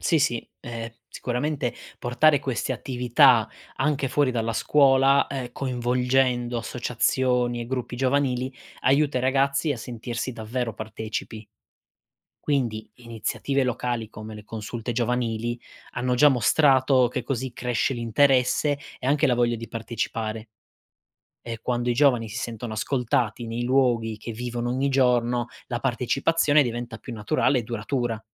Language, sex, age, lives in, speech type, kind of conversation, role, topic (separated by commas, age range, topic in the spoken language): Italian, male, 25-29, Italy, read, expert-assistant, expert, Quando si parla di 'astensionismo elettorale', che cosa si intende e quali motivi possono portare a non votare?